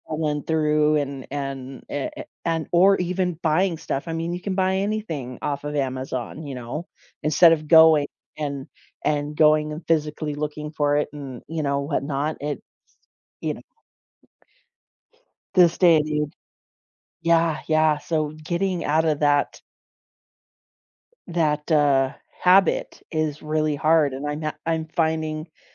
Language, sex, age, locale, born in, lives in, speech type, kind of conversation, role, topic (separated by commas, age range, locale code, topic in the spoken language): English, female, 40-44, en-US, United States, United States, conversation, unstructured, none, Why do some habits feel so difficult to change, even when we want to?